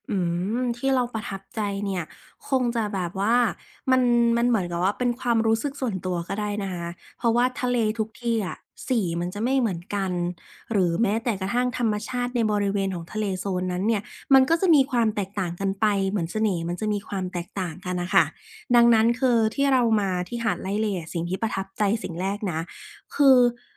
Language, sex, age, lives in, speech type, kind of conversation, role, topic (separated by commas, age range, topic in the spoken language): Thai, female, 25-29, Thailand, conversation, podcast, guest, การเดินทางครั้งไหนที่ทำให้คุณเปลี่ยนไปมากที่สุด?